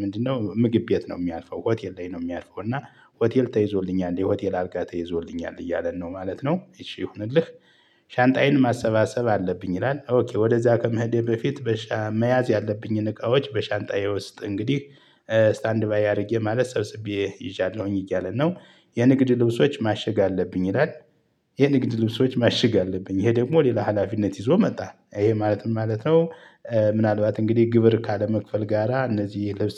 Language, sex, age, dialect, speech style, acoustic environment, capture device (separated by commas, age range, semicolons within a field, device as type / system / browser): Amharic, male, 35-44, Ethiopia - Gojjam, happy, nature, mobile / Linux / Chrome